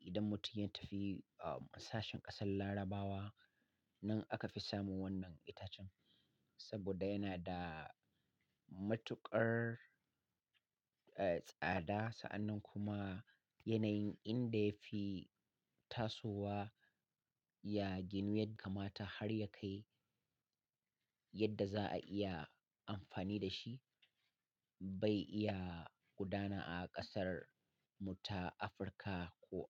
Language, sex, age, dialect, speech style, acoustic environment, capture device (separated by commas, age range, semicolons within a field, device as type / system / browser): Hausa, male, 35-44, Nigeria - Katsina, happy; relaxed; focused; excited, silence; nature, mobile / Linux / Chrome